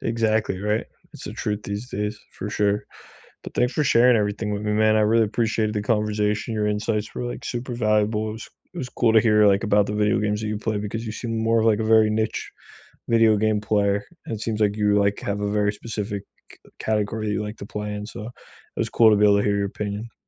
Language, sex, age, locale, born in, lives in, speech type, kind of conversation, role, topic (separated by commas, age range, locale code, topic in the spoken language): English, male, 25-29, en-US, United States, United States, conversation, unstructured, none, Which video games unexpectedly moved you with their storytelling, and what about them stayed with you?